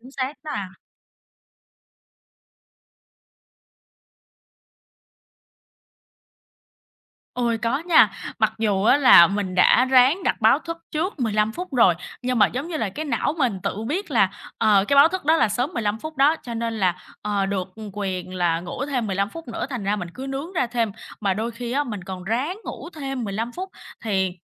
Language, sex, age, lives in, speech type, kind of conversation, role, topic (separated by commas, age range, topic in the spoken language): Vietnamese, female, 25-29, Vietnam, conversation, advice, user, Làm sao để tôi có thể đi ngủ và thức dậy đúng giờ mỗi ngày?